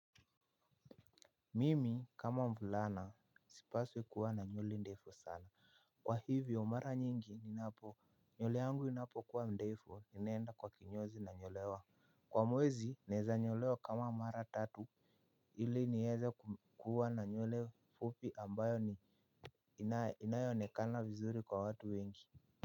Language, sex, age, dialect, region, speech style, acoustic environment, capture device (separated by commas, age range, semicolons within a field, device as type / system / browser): Swahili, male, 18-24, Kenya - Nairobi Sheng-influenced, Kenya, happy, people talking, mobile / Linux / Chrome